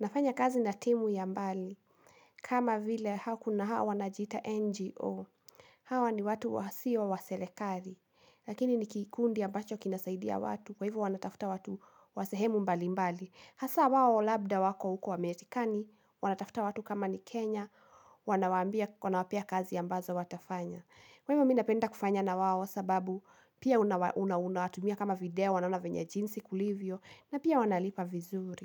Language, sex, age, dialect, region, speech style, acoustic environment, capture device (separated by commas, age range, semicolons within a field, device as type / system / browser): Swahili, female, 18-24, Kenya - Kiambu, Kenya, anxious, nature, mobile / Linux / Chrome